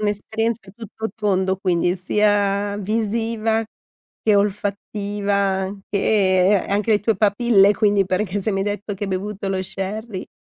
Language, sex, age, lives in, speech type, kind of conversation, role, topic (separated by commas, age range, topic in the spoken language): Italian, female, 50-54, Italy, conversation, podcast, host, Puoi raccontarmi di un mercato locale che ti ha affascinato?